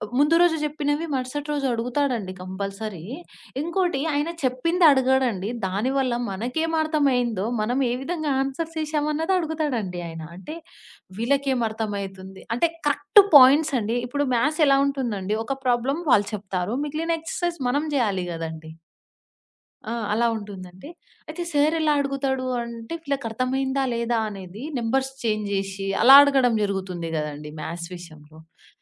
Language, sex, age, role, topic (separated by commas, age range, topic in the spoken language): Telugu, female, 20-24, guest, విద్యలో మీకు నిజమైన ఆనందాన్ని ఇచ్చిన ఒక అనుభవాన్ని చెప్పగలరా?